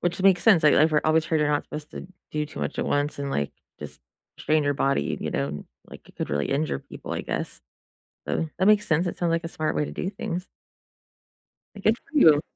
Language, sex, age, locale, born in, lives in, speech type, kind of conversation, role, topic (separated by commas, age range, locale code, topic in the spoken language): English, female, 40-44, en-US, United States, United States, conversation, unstructured, none, What is one habit that has improved your life the most?